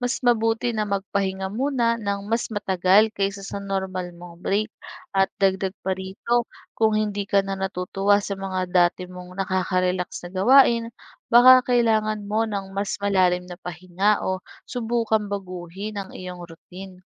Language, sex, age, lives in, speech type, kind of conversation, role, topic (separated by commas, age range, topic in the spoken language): Filipino, female, 25-29, Philippines, read, expert-assistant, expert, Ano ang mga paraan upang mapanatili ang disiplina sa sarili?